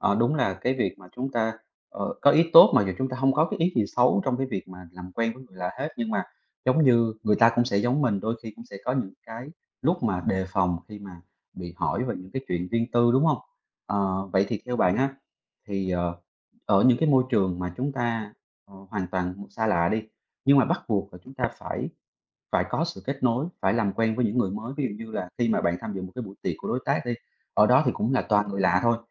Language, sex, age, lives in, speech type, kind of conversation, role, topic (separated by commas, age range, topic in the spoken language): Vietnamese, male, 30-34, Vietnam, conversation, podcast, host, Bạn thường bắt chuyện với người lạ bằng cách nào?